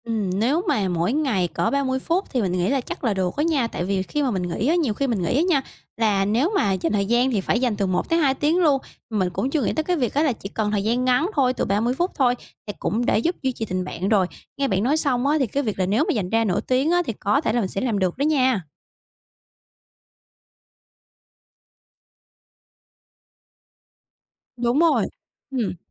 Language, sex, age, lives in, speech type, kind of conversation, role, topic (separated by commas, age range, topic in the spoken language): Vietnamese, female, 25-29, Vietnam, conversation, advice, user, Làm thế nào để duy trì tình bạn khi bận?